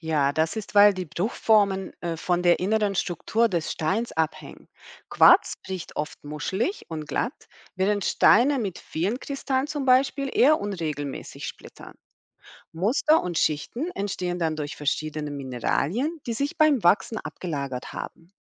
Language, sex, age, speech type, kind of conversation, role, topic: German, female, 40-44, read, expert-assistant, expert, Wie kann man einen spannenden Ausflug planen, um geologische Besonderheiten in der eigenen Region zu entdecken?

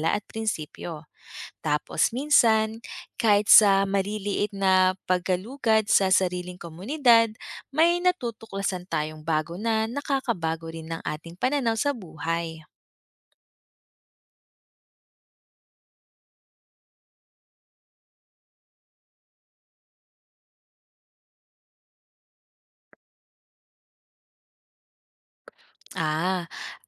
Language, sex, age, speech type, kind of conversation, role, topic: Filipino, female, 30-34, read, expert-assistant, expert, Paano nakakatulong ang paglalakbay sa paglawak ng pananaw ng tao?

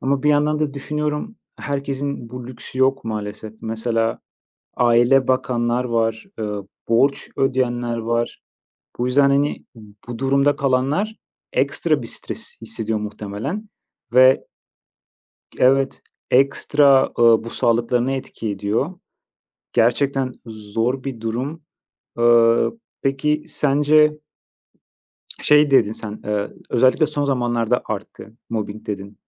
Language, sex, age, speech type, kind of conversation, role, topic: Turkish, male, 30-34, conversation, unstructured, none, İş yerinde mobbing neden bu kadar sık görülüyor?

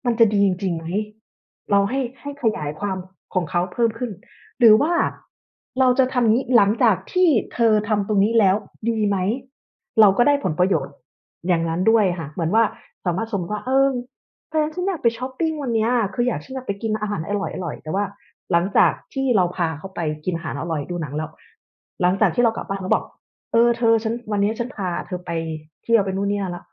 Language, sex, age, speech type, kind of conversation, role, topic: Thai, female, 35-39, conversation, unstructured, none, เคยมีครั้งไหนที่คุณต้องยอมคนอื่นทั้งที่ไม่อยากทำไหม?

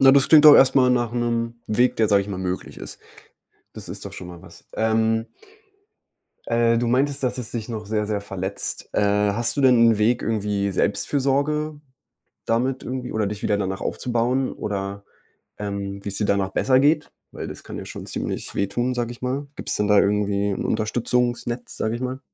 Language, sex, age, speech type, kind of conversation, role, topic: German, male, 20-24, conversation, advice, advisor, Wie kann ich damit umgehen, dass mich die direkte Rückmeldung meines Freundes verletzt?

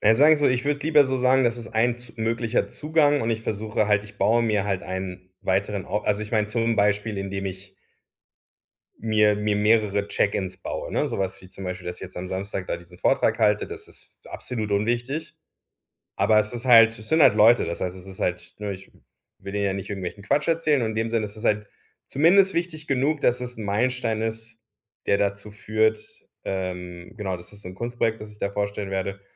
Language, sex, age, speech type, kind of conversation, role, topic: German, male, 40-44, conversation, advice, user, Wie fange ich am besten an, wenn ich mich von zu vielen Zielen überwältigt fühle?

